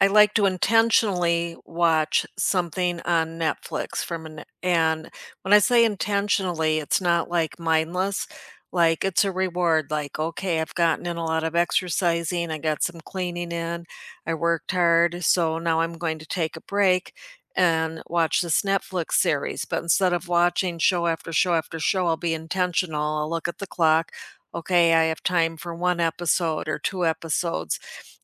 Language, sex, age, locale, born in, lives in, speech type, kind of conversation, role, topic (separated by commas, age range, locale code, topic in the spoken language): English, female, 65-69, en-US, United States, United States, conversation, unstructured, none, How do you unwind after a long, stressful day?